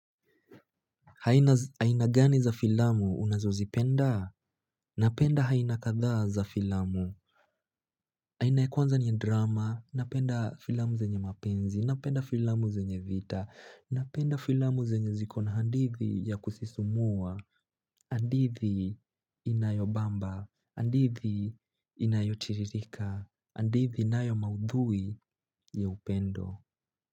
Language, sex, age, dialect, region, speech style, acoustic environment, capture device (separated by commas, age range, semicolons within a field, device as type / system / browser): Swahili, male, 18-24, Kenya - Nairobi Sheng-influenced, Kenya, happy, silence, mobile / Linux / Chrome